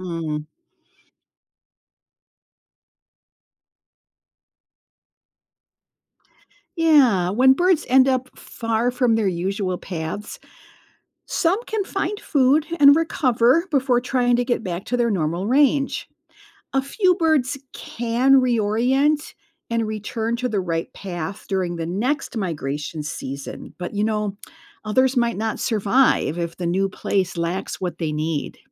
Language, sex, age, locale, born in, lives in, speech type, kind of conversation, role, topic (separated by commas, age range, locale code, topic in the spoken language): English, female, 65-69, en-US, United States, United States, read, expert-assistant, expert, Why do some animals migrate while others stay in one place?